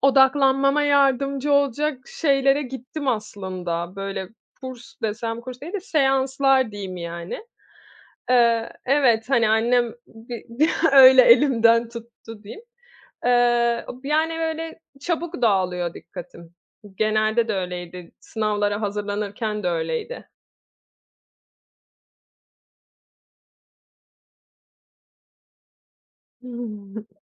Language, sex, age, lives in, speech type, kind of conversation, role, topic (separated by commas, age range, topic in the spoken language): Turkish, female, 30-34, Italy, conversation, podcast, guest, Bildirimler odaklanmanı nasıl etkiliyor, deneyimin nedir?